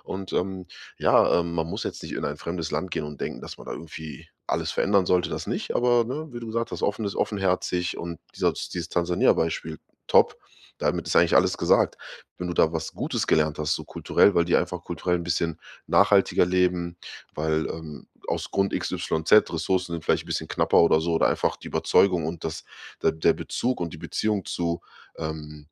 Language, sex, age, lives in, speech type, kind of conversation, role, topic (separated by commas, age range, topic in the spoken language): German, male, 30-34, Germany, conversation, advice, advisor, Wie kann ich beim Umzug in ein neues Land kulturelle Missverständnisse vermeiden?